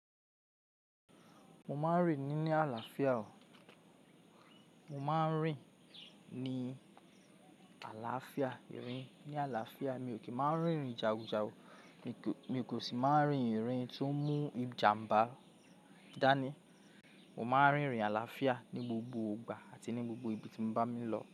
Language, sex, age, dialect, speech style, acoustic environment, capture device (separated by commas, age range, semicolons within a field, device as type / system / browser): Yoruba, male, 25-34, Nigeria - Lagos Yoruba, focused, people talking; music, mobile / Linux / Chrome